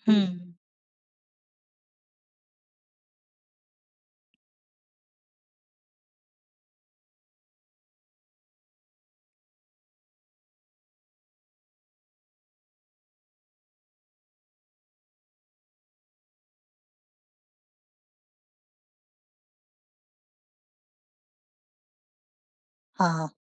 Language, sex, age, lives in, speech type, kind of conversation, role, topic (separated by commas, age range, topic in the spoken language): Hindi, female, 25-29, India, conversation, advice, advisor, मैं अपनी सच्चाई और भावनाओं को साफ़ और आत्मविश्वास के साथ कैसे व्यक्त करूँ?